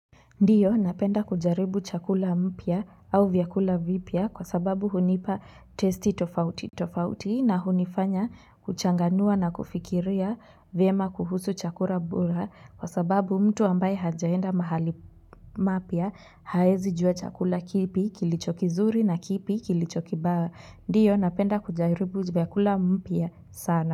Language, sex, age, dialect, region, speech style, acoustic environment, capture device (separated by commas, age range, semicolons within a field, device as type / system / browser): Swahili, female, 18-24, Kenya - Nairobi Sheng-influenced, Kenya, relaxed, nature, mobile / Linux / Chrome